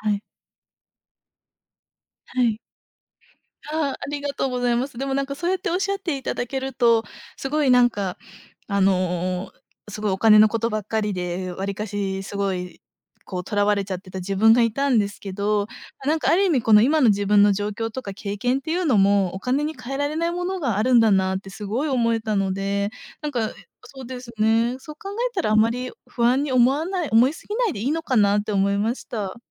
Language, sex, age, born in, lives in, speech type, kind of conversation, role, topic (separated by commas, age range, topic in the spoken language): Japanese, female, 25-29, Japan, Japan, conversation, advice, user, 老後資金をまったく準備できていないことに不安を感じていますが、どうしたらよいですか？